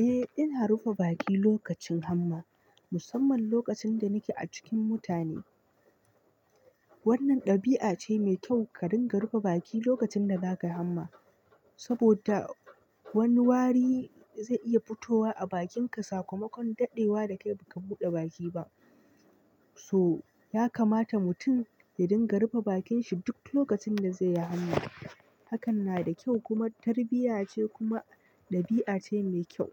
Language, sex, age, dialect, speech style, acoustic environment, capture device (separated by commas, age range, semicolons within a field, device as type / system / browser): Hausa, male, 25-34, Nigeria - Nigerian Standard, tired, nature, mobile / Linux / Chrome